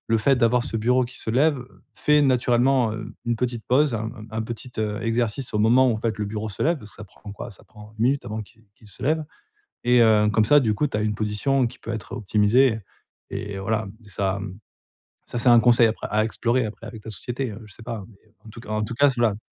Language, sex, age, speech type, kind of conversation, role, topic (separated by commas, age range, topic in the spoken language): French, male, 40-44, conversation, advice, advisor, Comment gérer la culpabilité quand je prends des pauses ?